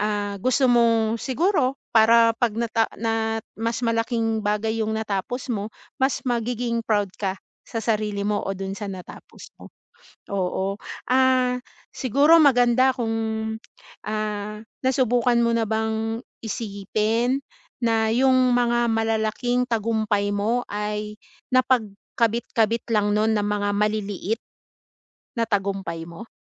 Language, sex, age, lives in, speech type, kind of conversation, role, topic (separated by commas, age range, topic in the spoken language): Filipino, female, 40-44, Philippines, conversation, advice, advisor, Paano ko mapapanatili ang motibasyon kapag mabagal ang pag-usad ko patungo sa layunin?